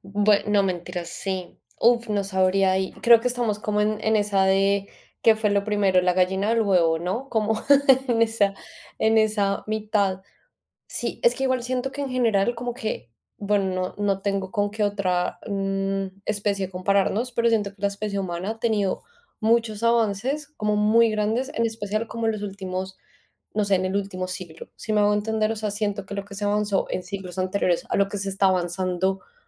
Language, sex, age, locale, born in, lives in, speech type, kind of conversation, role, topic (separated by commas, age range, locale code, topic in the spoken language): Spanish, female, 25-29, es-CO, Colombia, Spain, conversation, unstructured, none, ¿Qué invento científico consideras el más útil hasta ahora?